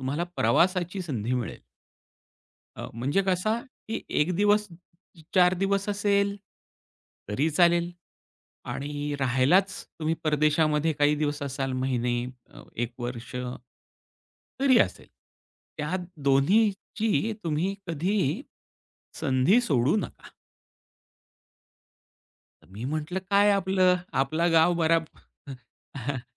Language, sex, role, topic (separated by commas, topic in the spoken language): Marathi, male, guest, प्रवासानंतर तुमची दृष्टी कशी बदलली?